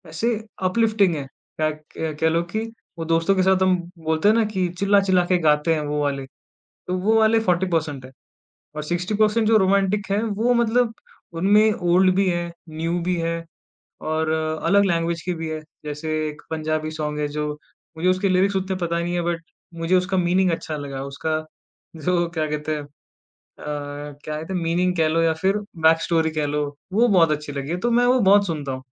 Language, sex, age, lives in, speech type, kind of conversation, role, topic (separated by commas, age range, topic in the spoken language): Hindi, male, 20-24, India, conversation, podcast, guest, Kaunsi film ya gaana tumhari pehchaan ko sabse zyada reflect karta hai?